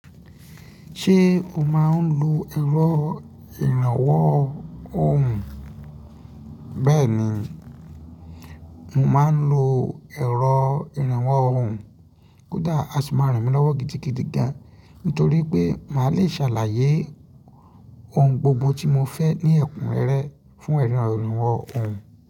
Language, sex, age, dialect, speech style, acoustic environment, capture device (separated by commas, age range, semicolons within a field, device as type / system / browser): Yoruba, male, 25-34, Nigeria - Ibadan, relaxed, traffic; nature, mobile / Linux / Chrome